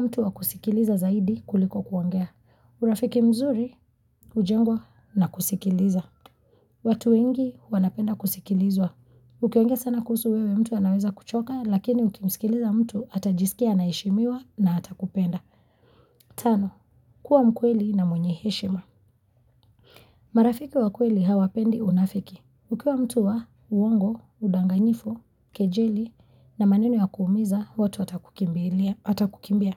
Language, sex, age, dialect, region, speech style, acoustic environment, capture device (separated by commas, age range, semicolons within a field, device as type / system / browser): Swahili, female, 25-34, Kenya - Mombasa, Kenya, focused; happy, silence; nature, mobile / Linux / Chrome